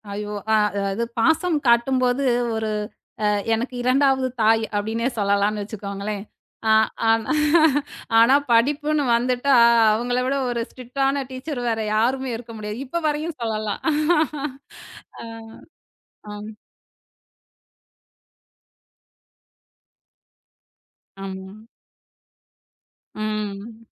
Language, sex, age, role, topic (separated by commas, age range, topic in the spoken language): Tamil, female, 35-39, guest, கற்றல் பயணத்தில் உங்களுக்கு வழிகாட்டியவர் யார், அவர் எப்படி உதவினார்?